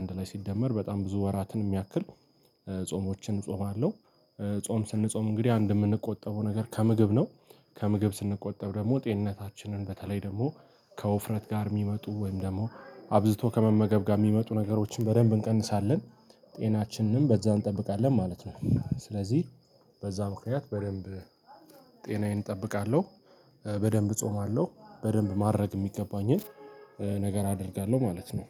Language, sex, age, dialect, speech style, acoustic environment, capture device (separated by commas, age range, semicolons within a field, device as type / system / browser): Amharic, male, 18-24, Ethiopia - Addis Ababa, happy; tired; focused; relaxed, people talking; nature; silence, mobile / Linux / Chrome